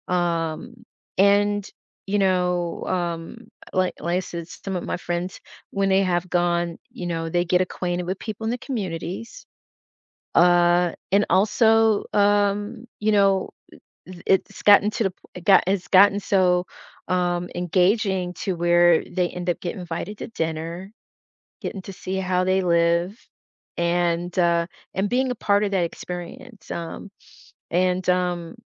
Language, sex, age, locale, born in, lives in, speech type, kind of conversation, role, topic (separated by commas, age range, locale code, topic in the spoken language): English, female, 55-59, en-US, United States, United States, conversation, podcast, host, What surprised you most while traveling?